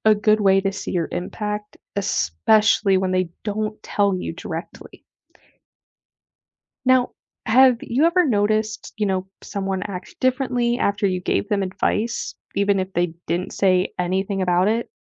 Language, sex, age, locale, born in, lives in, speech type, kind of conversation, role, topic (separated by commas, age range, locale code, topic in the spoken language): English, female, 20-24, en-US, United States, United States, read, expert-assistant, expert, How can helping others build your confidence?